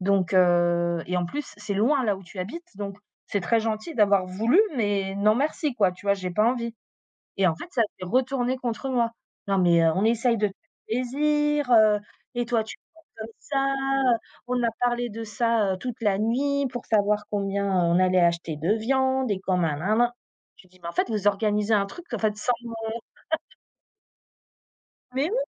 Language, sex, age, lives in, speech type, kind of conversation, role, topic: French, female, 35-39, France, conversation, unstructured, none, Quelle est votre stratégie pour cultiver des relations positives ?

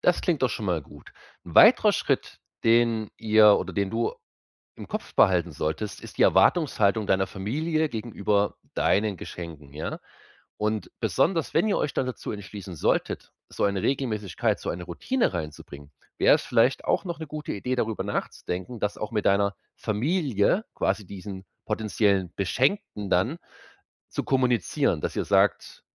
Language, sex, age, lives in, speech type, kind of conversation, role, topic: German, male, 30-34, Germany, conversation, advice, advisor, Welches Geschenk soll ich meiner Freundin zum Geburtstag kaufen?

